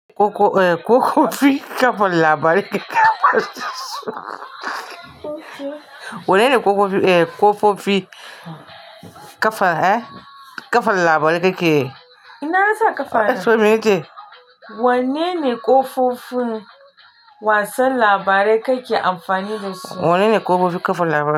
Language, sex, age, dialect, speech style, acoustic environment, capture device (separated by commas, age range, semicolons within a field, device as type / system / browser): Hausa, female, 45-54, Nigeria - Kano, happy; relaxed; focused; excited, music; nature; appliances, mobile / Linux / Chrome